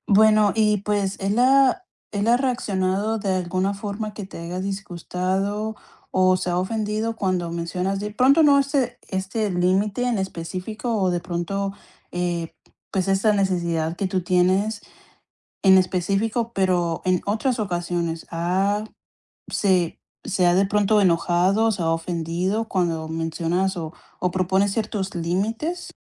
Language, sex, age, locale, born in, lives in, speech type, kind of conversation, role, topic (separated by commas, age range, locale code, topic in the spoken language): Spanish, female, 25-29, es-CO, Colombia, United States, conversation, advice, advisor, ¿Cómo puedo establecer límites claros sin lastimar a mi pareja y respetar nuestras diferencias?